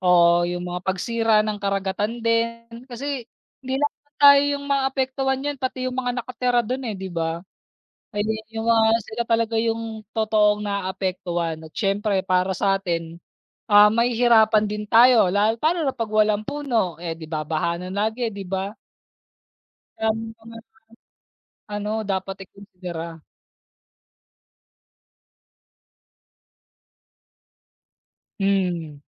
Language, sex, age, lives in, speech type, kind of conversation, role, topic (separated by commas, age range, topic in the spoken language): Filipino, male, 30-34, Philippines, conversation, unstructured, none, Ano ang unang alaala mo tungkol sa kalikasan?